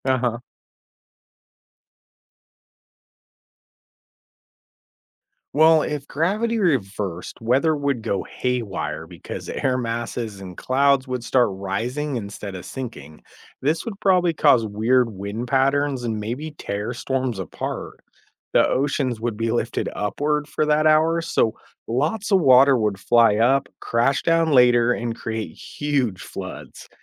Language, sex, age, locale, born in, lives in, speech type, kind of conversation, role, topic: English, male, 35-39, en-US, United States, United States, read, expert-assistant, expert, What if gravity reversed for one hour daily?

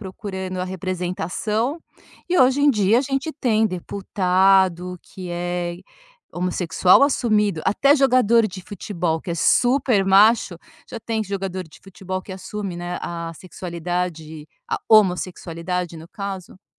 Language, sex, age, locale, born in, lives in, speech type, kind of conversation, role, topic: Portuguese, female, 50-54, pt-BR, Brazil, France, conversation, podcast, guest, Por que a diversidade em telas e palcos é importante?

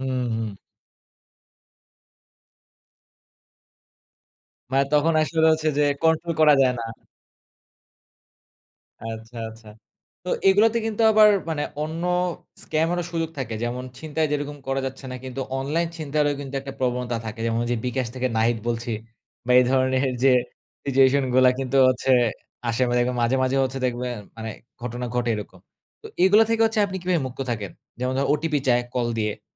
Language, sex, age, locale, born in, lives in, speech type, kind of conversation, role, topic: Bengali, male, 20-24, bn-BD, Bangladesh, Bangladesh, conversation, podcast, host, দৈনন্দিন জীবনে এমন কোন অ্যাপ আছে, যেটা ছাড়া আপনি থাকতে পারেন না?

